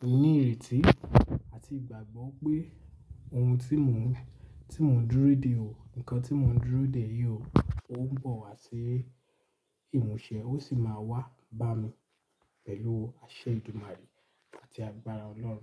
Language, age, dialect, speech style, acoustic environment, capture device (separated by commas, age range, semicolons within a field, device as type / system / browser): Yoruba, 18-24, Nigeria - Lagos Yoruba, relaxed, nature, mobile / Linux / Chrome